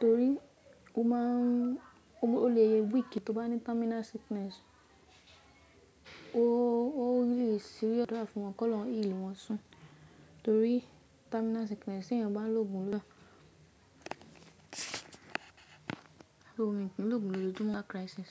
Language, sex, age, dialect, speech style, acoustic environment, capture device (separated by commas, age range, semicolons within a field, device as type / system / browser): Yoruba, female, 18-24, Nigeria - Ibadan, relaxed, people talking, mobile / macOS / Safari